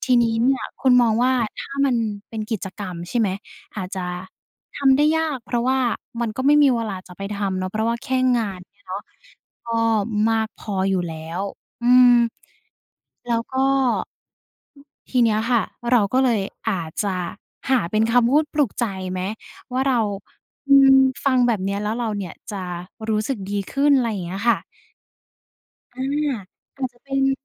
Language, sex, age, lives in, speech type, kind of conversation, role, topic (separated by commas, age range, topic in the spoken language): Thai, female, 20-24, Thailand, conversation, advice, advisor, ฉันรู้สึกหมดไฟกับงานทุกวันและไม่รู้ว่าจะเริ่มฟื้นตัวยังไงดี?